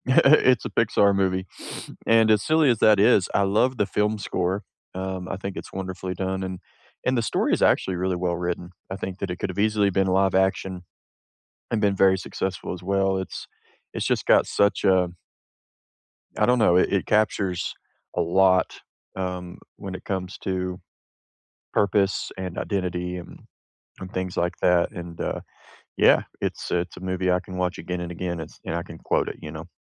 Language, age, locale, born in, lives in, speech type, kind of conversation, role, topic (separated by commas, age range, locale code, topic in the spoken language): English, 30-34, en-US, United States, United States, conversation, unstructured, none, Which show or movie do you rewatch to lift your mood, and what memories does it bring back?